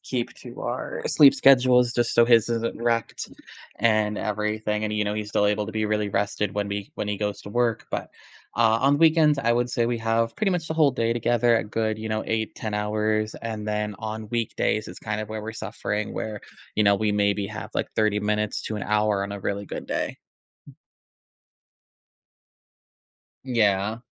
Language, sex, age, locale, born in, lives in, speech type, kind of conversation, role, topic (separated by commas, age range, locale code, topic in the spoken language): English, male, 25-29, en-US, United States, United States, conversation, advice, user, How can I build better communication with my partner?